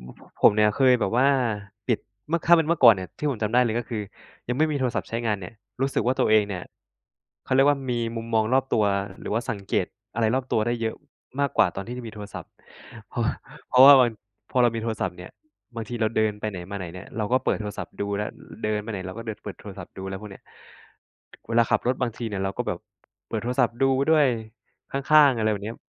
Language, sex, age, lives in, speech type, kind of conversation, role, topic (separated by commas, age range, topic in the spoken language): Thai, male, 25-29, Thailand, conversation, unstructured, none, คุณคิดว่าเทคโนโลยีช่วยให้คุณมีความสุขกับชีวิตมากขึ้นไหม?